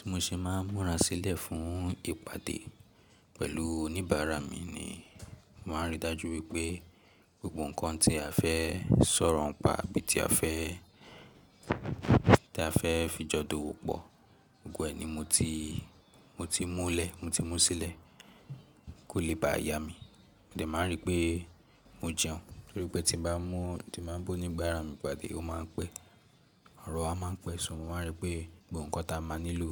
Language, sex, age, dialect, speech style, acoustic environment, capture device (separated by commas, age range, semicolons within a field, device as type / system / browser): Yoruba, male, 18-24, Nigeria - Lagos Yoruba, relaxed, silence; nature, mobile / Linux / Chrome